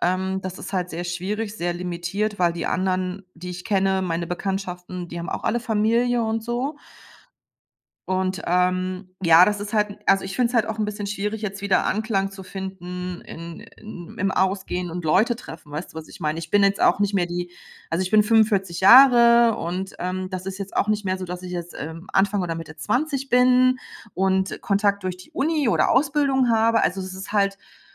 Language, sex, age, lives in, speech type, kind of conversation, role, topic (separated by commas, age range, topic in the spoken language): German, female, 45-49, Germany, conversation, advice, user, Wie kann ich mit meiner Angst vor Einsamkeit umgehen und mich selbst neu finden?